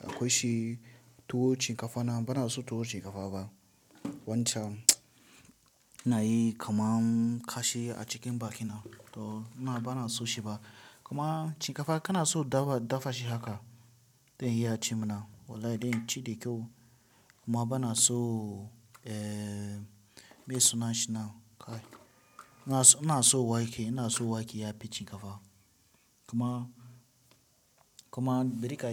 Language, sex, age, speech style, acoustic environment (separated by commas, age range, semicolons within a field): Hausa, male, 18-24, relaxed; tired, nature